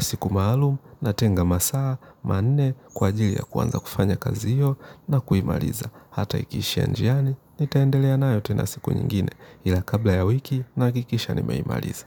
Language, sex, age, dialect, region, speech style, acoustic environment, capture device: Swahili, male, 25-34, Kenya - Nairobi Sheng-influenced, Kenya, relaxed; focused, nature, mobile / Linux / Chrome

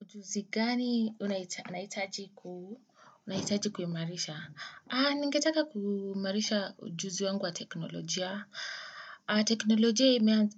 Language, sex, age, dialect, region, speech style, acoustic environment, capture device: Swahili, female, 25-34, Kenya - Nairobi Sheng-influenced, Kenya, excited, people talking; nature, desktop / Windows / Chrome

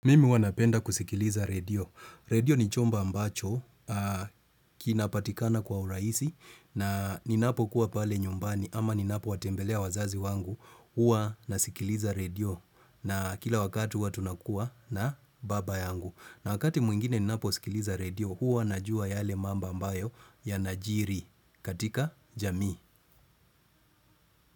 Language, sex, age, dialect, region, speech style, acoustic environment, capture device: Swahili, male, 35-44, Kenya - Mombasa, Kenya, focused, construction; appliances; nature; traffic; music, desktop / Windows / Chrome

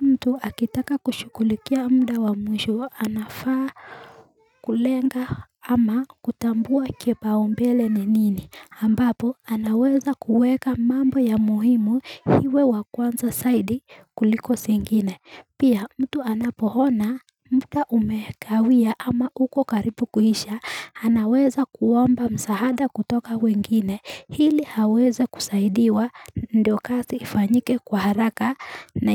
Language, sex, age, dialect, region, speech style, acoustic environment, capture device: Swahili, female, 18-24, Kenya - Nairobi Sheng-influenced, Kenya, happy; excited, nature, mobile / Linux / Chrome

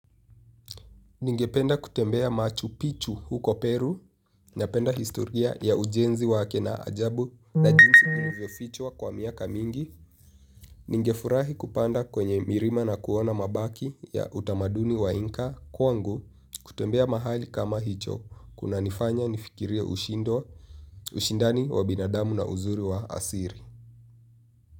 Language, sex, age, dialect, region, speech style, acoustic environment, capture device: Swahili, male, 18-24, Kenya - Nairobi Sheng-influenced, Kenya, happy; relaxed; focused, nature; silence, mobile / Linux / Chrome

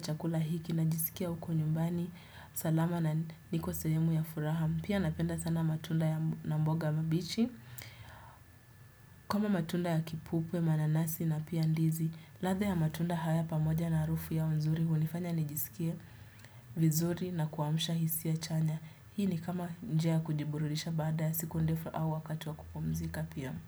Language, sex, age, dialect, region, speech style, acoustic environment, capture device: Swahili, female, 18-24, Kenya - Nairobi Sheng-influenced, Kenya, relaxed; focused, people talking; music; appliances; construction, desktop / Windows / Chrome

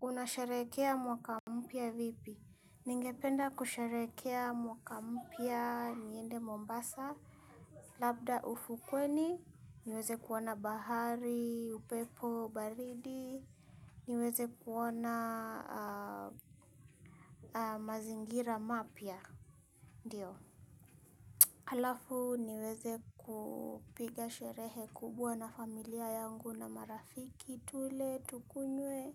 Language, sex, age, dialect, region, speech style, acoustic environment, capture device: Swahili, female, 25-34, Kenya - Nairobi Sheng-influenced, Kenya, happy; nervous, silence, mobile / Linux / Chrome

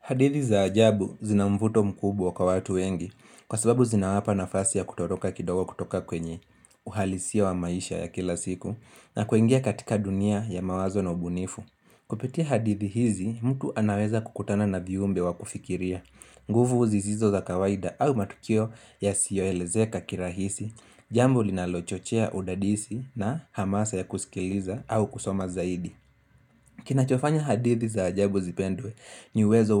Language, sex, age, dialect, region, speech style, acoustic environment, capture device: Swahili, male, 18-24, Kenya - Nairobi Sheng-influenced, Kenya, relaxed; focused, silence, mobile / Linux / Chrome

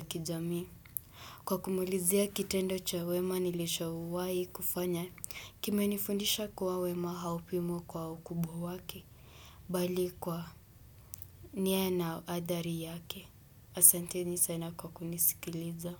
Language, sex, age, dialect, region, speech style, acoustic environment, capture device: Swahili, male, 18-24, Kenya - Nairobi Sheng-influenced, Kenya, happy; relaxed; focused, nature; silence, desktop / Windows / Chrome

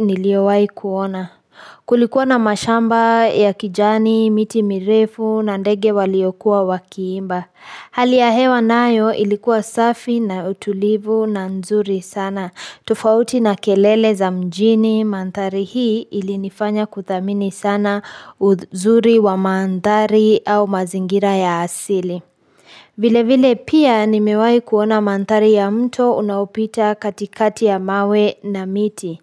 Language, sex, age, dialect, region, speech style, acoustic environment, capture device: Swahili, female, 25-34, Kenya - Nairobi Sheng-influenced, Kenya, focused, construction, mobile / Linux / Chrome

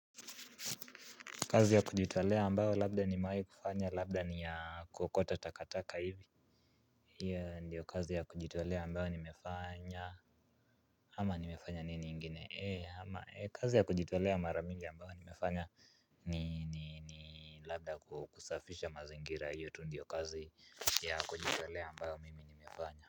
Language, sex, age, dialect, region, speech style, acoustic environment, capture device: Swahili, male, 18-24, Kenya - Nairobi Sheng-influenced, Kenya, relaxed, appliances, mobile / Linux / Chrome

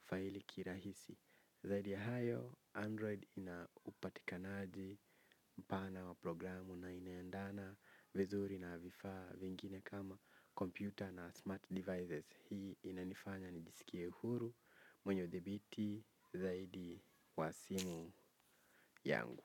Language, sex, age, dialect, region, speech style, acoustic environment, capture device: Swahili, male, 18-24, Kenya - Nairobi Sheng-influenced, Kenya, focused, nature; silence, mobile / Linux / Chrome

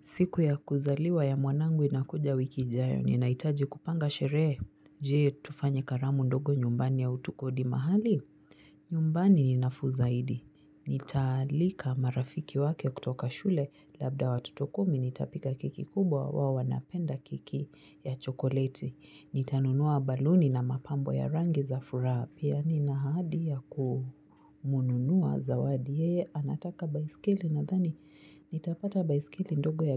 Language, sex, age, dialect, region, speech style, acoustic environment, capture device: Swahili, female, 25-34, Kenya - Nairobi Sheng-influenced, Kenya, relaxed, nature, mobile / Linux / Chrome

